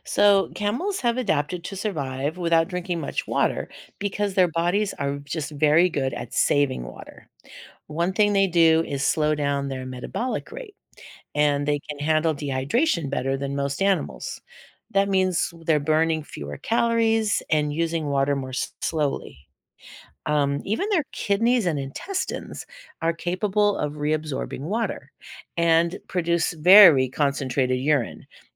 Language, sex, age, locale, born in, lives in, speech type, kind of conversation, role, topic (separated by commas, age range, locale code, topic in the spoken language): English, female, 60-64, en-US, United States, United States, read, expert-assistant, expert, How do camels survive in the desert?